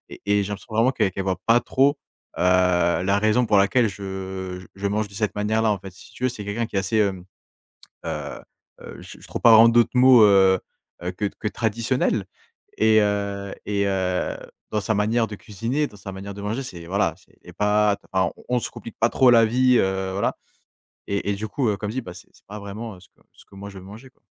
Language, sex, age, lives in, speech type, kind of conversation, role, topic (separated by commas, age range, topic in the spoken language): French, male, 20-24, France, conversation, advice, user, Comment gérer un conflit avec votre partenaire sur ce qui est cuisiné à la maison ?